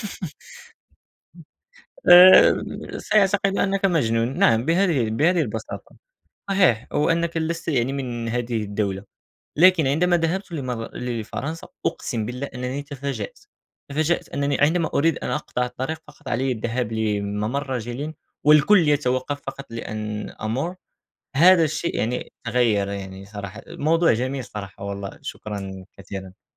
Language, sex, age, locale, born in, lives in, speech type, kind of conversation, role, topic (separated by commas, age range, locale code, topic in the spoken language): Arabic, male, 18-19, ar-MA, Morocco, France, conversation, unstructured, none, هل شايف إن الهوية بتتغير مع الوقت؟